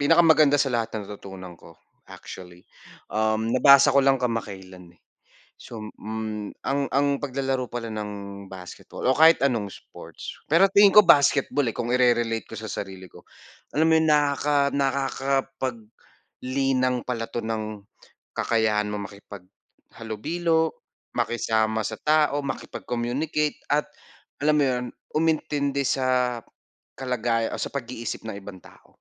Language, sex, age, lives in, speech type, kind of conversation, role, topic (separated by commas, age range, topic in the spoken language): Filipino, male, 25-29, Philippines, conversation, unstructured, none, Ano ang pinakamasayang alaala mo habang ginagawa ang paborito mong libangan?